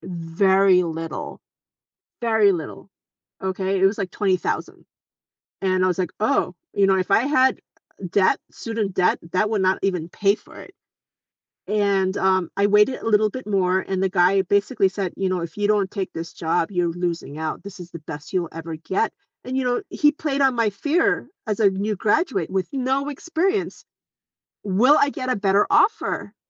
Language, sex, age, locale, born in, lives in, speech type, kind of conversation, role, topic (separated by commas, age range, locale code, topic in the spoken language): English, female, 45-49, en-US, South Korea, United States, conversation, podcast, guest, What helps someone succeed and feel confident when starting their first job?